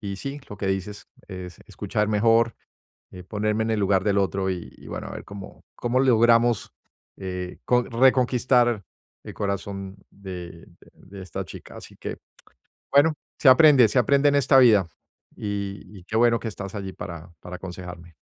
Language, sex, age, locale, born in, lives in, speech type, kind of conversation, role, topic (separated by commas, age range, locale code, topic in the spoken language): Spanish, male, 55-59, es-US, Colombia, United States, conversation, advice, user, ¿Cómo puedo manejar la ausencia y la incertidumbre tras una ruptura?